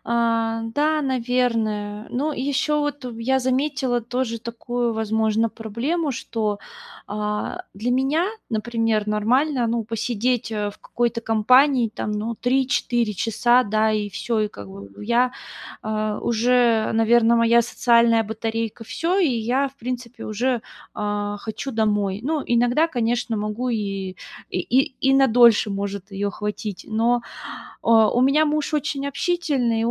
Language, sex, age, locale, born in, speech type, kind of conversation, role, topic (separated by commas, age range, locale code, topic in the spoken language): Russian, female, 30-34, ru-RU, Russia, conversation, advice, user, Как справиться с неловкостью на первых встречах, когда хочется завести новых друзей?